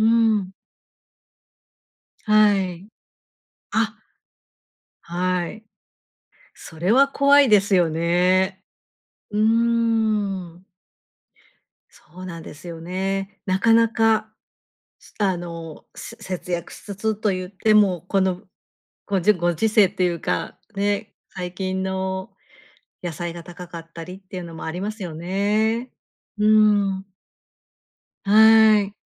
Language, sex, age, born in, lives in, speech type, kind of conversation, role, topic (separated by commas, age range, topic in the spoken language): Japanese, female, 50-54, Japan, Japan, conversation, advice, advisor, 食費を節約しながら健康的に食べるには、どうすればいいですか？